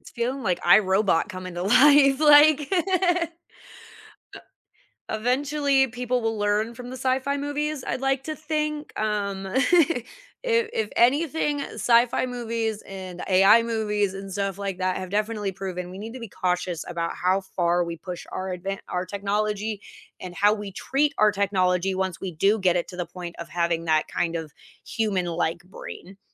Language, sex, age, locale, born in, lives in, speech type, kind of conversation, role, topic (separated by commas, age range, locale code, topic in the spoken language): English, female, 30-34, en-US, United States, United States, conversation, unstructured, none, What emerging technology are you curious about but haven’t tried yet?